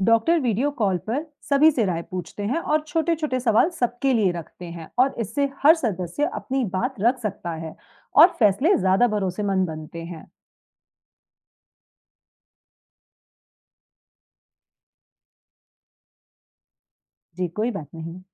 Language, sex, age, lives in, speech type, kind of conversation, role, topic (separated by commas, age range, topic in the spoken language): Hindi, female, 35-39, India, read, expert-assistant, expert, डॉक्टर लोग मरीज की देखभाल के लिए कौन-सा तरीका अपनाते हैं, जिससे इलाज में सबसे अच्छे नतीजे मिलें?